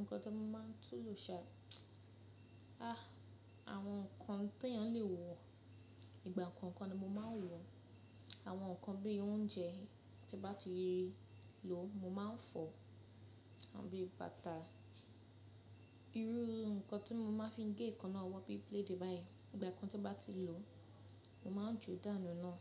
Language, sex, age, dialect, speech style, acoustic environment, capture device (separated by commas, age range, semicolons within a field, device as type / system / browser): Yoruba, female, 25-34, Nigeria - Lagos Yoruba, happy; focused, construction, mobile / Linux / Chrome